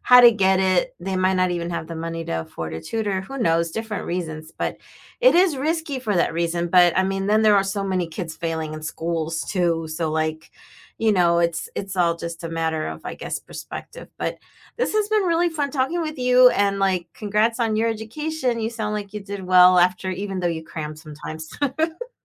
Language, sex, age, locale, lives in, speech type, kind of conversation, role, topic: English, female, 50-54, en-US, United States, conversation, unstructured, none, Do tests truly reflect a student's abilities and potential?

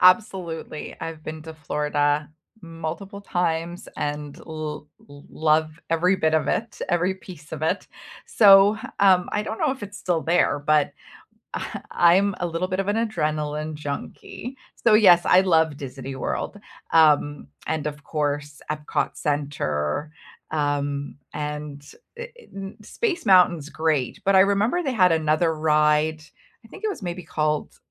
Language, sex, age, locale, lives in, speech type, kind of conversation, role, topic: English, female, 45-49, en-CA, Canada, conversation, unstructured, none, What is the most unexpectedly delightful place you've visited close to home?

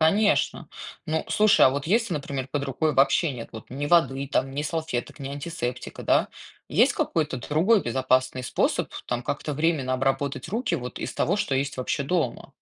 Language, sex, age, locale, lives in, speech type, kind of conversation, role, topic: Russian, female, 35-39, ru-RU, United States, read, expert-assistant, asker, Как соблюдать гигиену дома во время перебоев с водой?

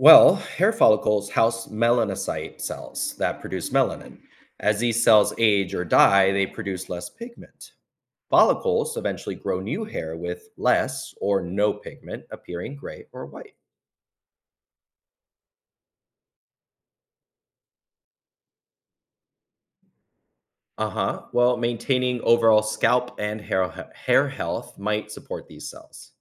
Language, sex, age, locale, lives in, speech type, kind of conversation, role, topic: English, male, 35-39, en-US, United States, read, expert-assistant, expert, What makes hair turn gray with age?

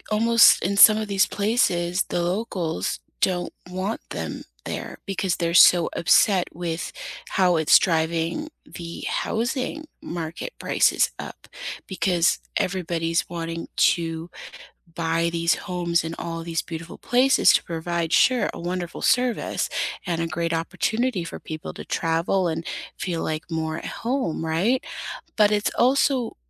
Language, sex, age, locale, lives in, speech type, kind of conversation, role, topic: English, female, 30-34, en-US, United States, conversation, unstructured, none, Do budget travel platforms harm small local accommodations more than they benefit them?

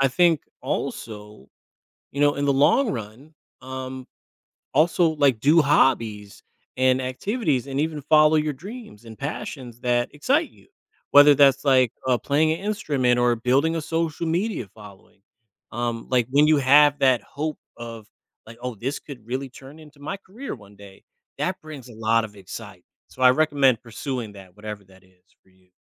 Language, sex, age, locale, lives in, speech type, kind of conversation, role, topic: English, male, 35-39, en-US, United States, conversation, advice, advisor, How can I make my daily routine more exciting and varied?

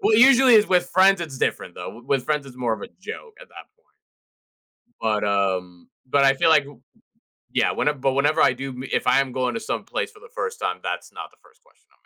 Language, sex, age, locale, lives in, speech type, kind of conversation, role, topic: English, male, 20-24, en-US, United States, conversation, podcast, host, What are some effective ways to maintain meaningful relationships both online and in person?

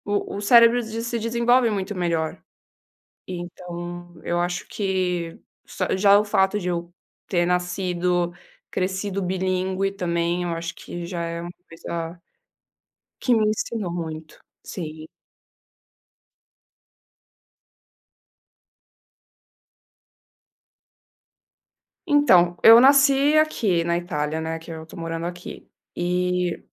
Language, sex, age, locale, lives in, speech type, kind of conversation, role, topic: Portuguese, female, 20-24, pt-BR, Italy, conversation, podcast, guest, O que você mais aprendeu com as diferenças culturais?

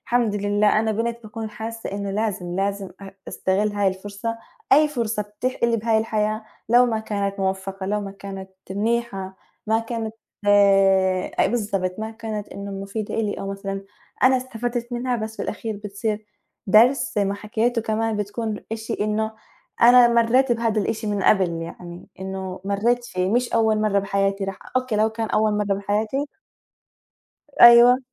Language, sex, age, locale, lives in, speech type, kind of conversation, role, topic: Arabic, female, 18-19, ar-JO, United States, conversation, unstructured, none, إيه أحلى موقف حصل لك وإنت بتتعلم؟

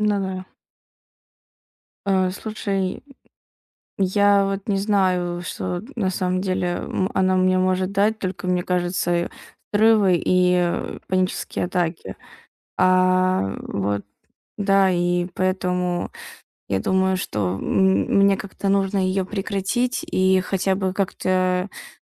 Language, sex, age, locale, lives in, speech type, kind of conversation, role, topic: Russian, female, 20-24, ru-RU, Estonia, conversation, advice, user, Как начать расслабляться дома, если меня постоянно мучает тревога?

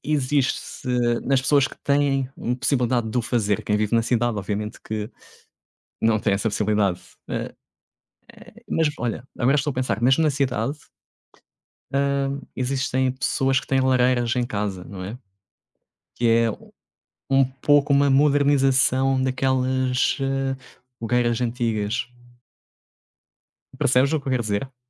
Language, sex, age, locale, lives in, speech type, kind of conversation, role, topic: Portuguese, male, 30-34, pt-PT, Portugal, conversation, unstructured, none, O que a descoberta do fogo representou para os seres humanos?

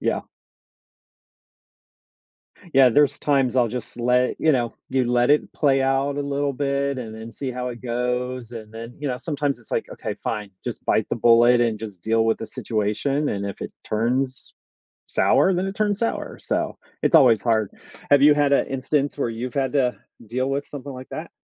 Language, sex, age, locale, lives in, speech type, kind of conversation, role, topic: English, male, 50-54, en-US, United States, conversation, unstructured, none, How have difficult experiences at work shaped your approach to challenges?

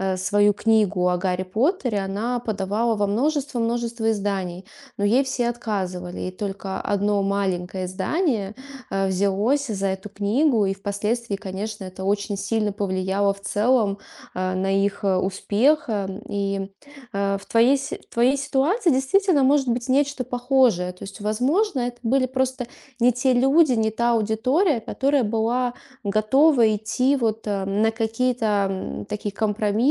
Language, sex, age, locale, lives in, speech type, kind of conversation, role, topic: Russian, female, 35-39, ru-RU, Estonia, conversation, advice, advisor, Как вы переживаете нехватку мотивации при движении к долгосрочной цели?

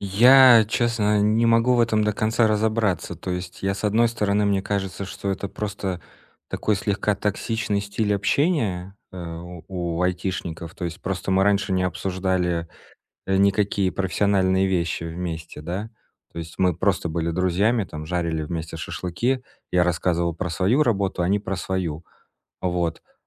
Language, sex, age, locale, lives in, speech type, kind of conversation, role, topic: Russian, male, 30-34, ru-RU, Estonia, conversation, advice, user, Как перестать подстраиваться под ожидания социальной группы?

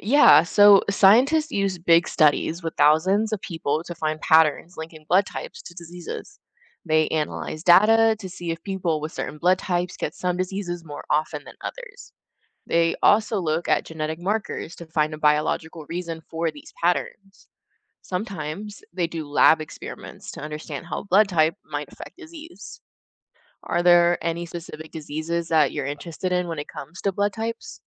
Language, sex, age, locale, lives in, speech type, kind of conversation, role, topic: English, female, 20-24, en-US, United States, read, expert-assistant, expert, Why do we have different blood types?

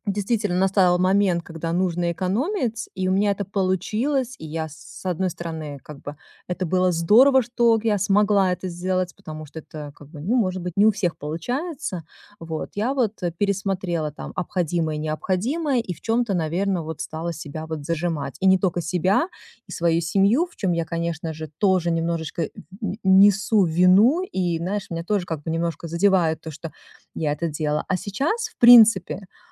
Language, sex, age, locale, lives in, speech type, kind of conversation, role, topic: Russian, female, 40-44, ru-RU, United States, conversation, advice, user, Как тратить деньги разумно и при этом получать удовольствие?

